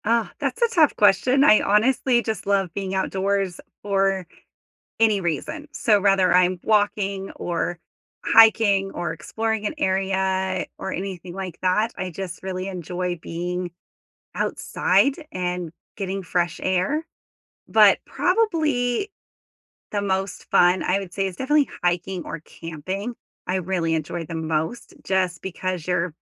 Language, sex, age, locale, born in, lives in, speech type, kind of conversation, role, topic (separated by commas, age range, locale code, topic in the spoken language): English, female, 35-39, en-US, United States, United States, conversation, unstructured, none, What is your favorite way to enjoy nature outdoors?